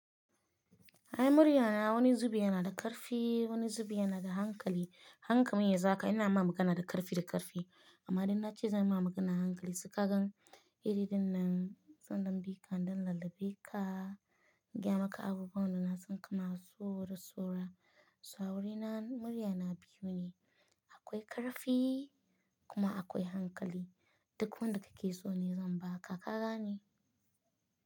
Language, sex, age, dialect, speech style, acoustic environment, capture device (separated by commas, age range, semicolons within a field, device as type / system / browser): Hausa, female, 25-34, Nigeria - Nigerian Standard, happy; relaxed; excited; focused, silence, mobile / Linux / Chrome